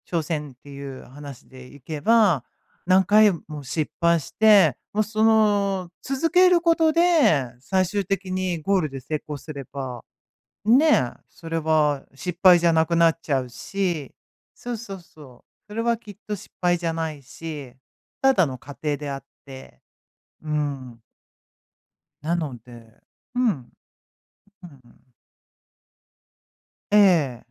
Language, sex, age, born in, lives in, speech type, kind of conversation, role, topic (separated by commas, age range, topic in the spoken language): Japanese, female, 55-59, Japan, United States, conversation, advice, advisor, 周りと比較されてキャリアに自信が持てないと感じるとき、どうすれば自信を取り戻せますか？